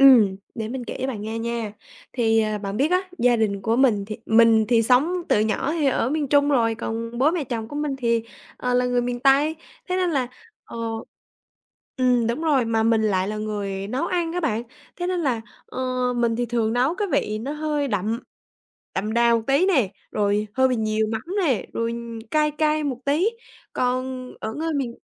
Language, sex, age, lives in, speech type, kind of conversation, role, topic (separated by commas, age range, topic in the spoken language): Vietnamese, female, 20-24, Vietnam, conversation, podcast, guest, Gia đình bạn thường xử lý những mâu thuẫn nhỏ hằng ngày như thế nào?